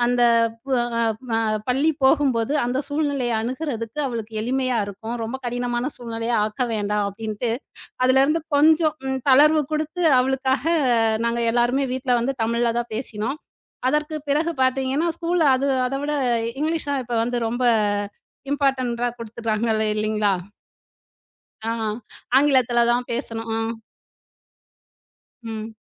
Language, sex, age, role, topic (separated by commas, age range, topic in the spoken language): Tamil, female, 35-39, guest, நீங்கள் உங்கள் மரபுத் மொழியை எவ்வளவு அளவுக்கு பாதுகாத்து வந்திருக்கிறீர்கள்?